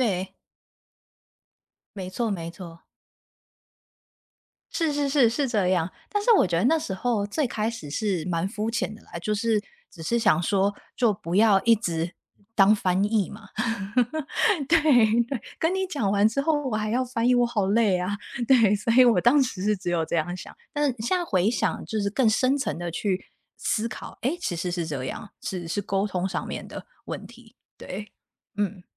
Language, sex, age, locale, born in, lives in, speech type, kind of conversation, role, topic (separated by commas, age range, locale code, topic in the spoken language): Chinese, female, 30-34, zh-TW, Taiwan, United States, conversation, unstructured, none, 你觉得恋爱中最重要的是什么？